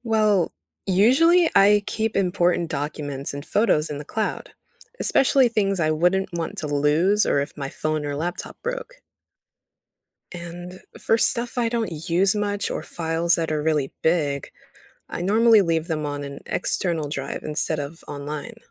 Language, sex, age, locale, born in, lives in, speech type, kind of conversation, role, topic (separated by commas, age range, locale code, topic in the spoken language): English, female, 18-19, en-US, United States, United States, read, expert-assistant, asker, What is cloud computing and how does it store your files online?